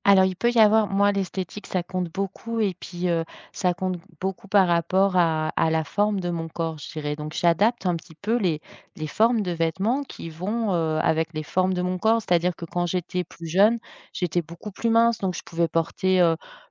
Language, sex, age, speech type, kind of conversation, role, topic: French, female, 45-49, conversation, podcast, guest, Comment tes voyages ont-ils modifié ton style vestimentaire ?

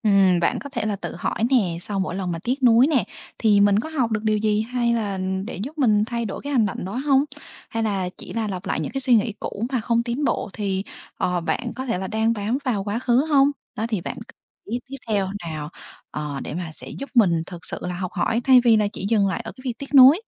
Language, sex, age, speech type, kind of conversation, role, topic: Vietnamese, female, 25-29, read, expert-assistant, expert, Nếu cần quyết định lớn, bạn nghĩ lời khuyên từ các tôn giáo lớn sẽ giống hay khác nhau?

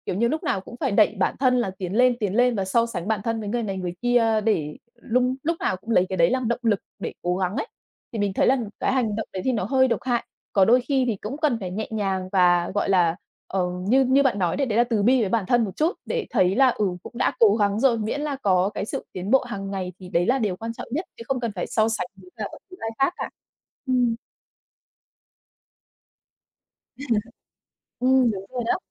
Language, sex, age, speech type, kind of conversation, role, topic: Vietnamese, female, 30-34, conversation, podcast, guest, Bạn làm thế nào để không so sánh bản thân với người khác?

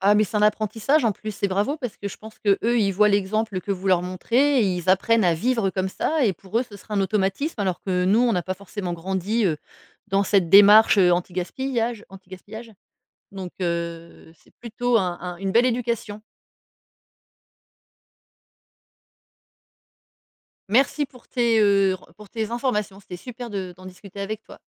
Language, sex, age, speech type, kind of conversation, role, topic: French, female, 40-44, conversation, podcast, host, Comment fais-tu pour réduire le gaspillage alimentaire chez toi ?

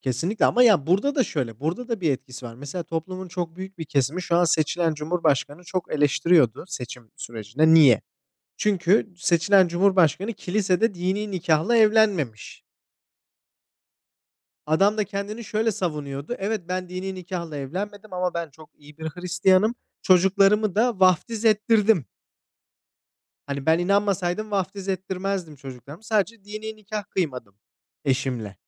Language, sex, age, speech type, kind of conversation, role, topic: Turkish, male, 25-29, conversation, unstructured, none, Hayatında seni şaşırtan bir anını paylaşır mısın?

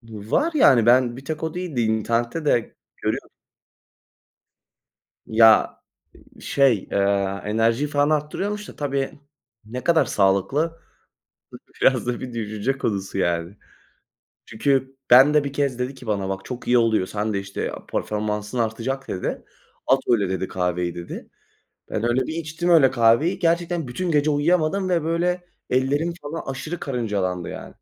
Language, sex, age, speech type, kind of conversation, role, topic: Turkish, male, 20-24, conversation, unstructured, none, Kahvaltıda çayı mı yoksa kahveyi mi tercih edersiniz?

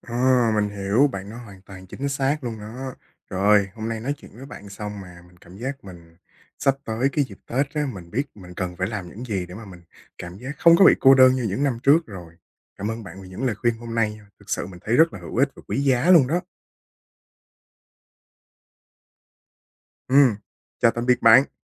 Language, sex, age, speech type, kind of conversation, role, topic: Vietnamese, male, 20-24, conversation, advice, user, Bạn đang cảm thấy cô đơn trong dịp nghỉ lễ và không biết nên làm gì?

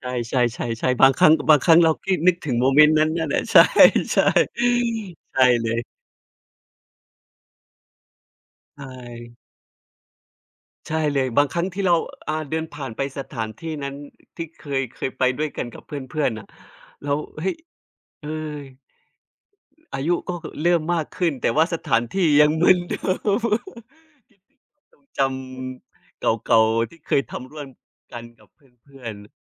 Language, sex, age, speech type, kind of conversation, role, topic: Thai, male, 30-34, conversation, unstructured, none, คุณชอบทำกิจกรรมยามว่างแบบไหนมากที่สุด?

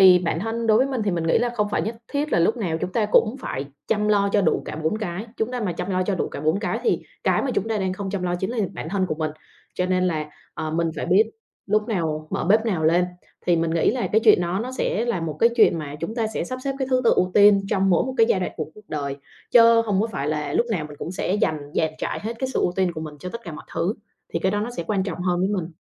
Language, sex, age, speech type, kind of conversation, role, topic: Vietnamese, female, 25-29, conversation, podcast, guest, Bạn cân bằng giữa công việc và cuộc sống như thế nào?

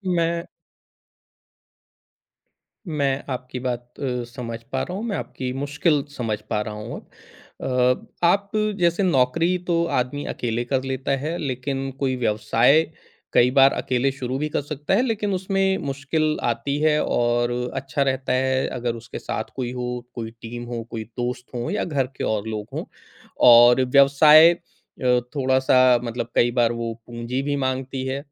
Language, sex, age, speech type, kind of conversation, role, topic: Hindi, male, 40-44, conversation, advice, advisor, पहली सफलता के बाद नया विचार नहीं मिल रहा है, तो क्या करूँ?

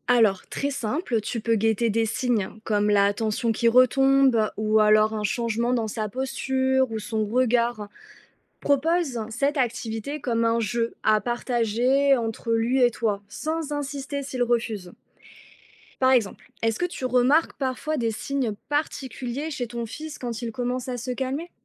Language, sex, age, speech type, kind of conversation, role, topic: French, female, 30-34, read, expert-assistant, expert, Comment aider un enfant à reconnaître et exprimer ses émotions ?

